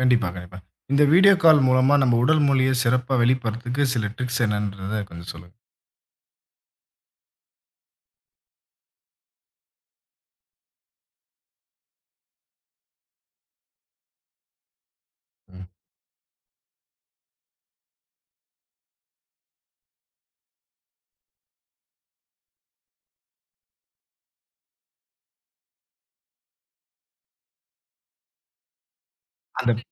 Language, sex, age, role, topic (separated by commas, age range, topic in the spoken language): Tamil, male, 25-29, host, வீடியோ அழைப்பில் உடல்மொழி எப்படிப் மாறுகிறது?